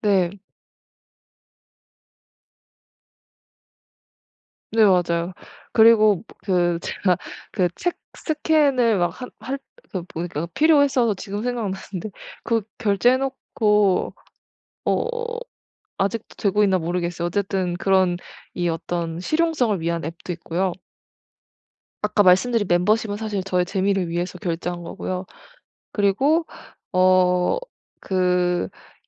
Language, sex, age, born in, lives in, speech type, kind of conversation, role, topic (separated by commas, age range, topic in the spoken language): Korean, female, 25-29, South Korea, Germany, conversation, advice, user, 정기 결제 비용을 줄이려면 어디서부터 시작하는 것이 좋을까요?